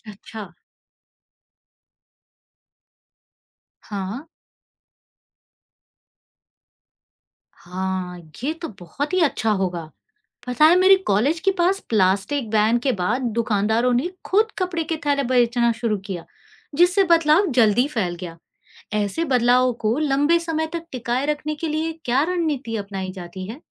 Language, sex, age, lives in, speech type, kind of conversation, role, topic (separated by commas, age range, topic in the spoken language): Hindi, female, 40-44, India, read, expert-assistant, asker, क्या आप कोई ऐसा उदाहरण दे सकते हैं जहाँ सार्वजनिक नीति का एक छोटा सा बदलाव पूरे समाज पर बड़ा प्रभाव डालता है?